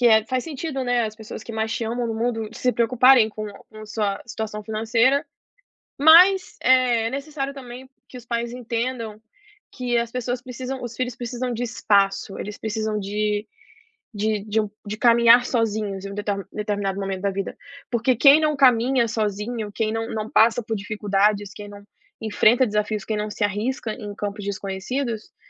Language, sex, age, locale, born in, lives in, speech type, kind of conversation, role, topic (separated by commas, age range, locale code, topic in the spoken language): Portuguese, female, 25-29, pt-BR, Brazil, United States, conversation, podcast, guest, Como você lida com cobranças e expectativas familiares?